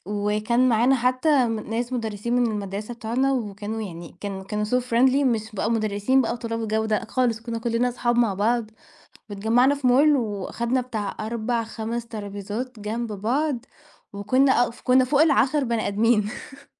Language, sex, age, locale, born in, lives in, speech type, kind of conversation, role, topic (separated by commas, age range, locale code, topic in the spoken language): Arabic, female, 20-24, ar-EG, Egypt, Portugal, conversation, podcast, guest, ليه الأكل مع بعض بيقرّب الناس من بعض أكتر في رأيك؟